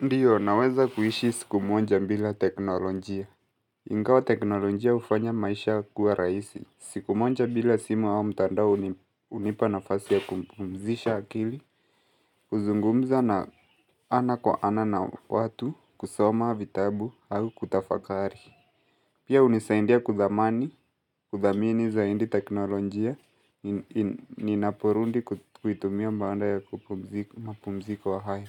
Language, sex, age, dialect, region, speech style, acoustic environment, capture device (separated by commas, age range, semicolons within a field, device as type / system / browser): Swahili, male, 18-24, Kenya - Mombasa, Kenya, stressed; focused, nature, mobile / Linux / Chrome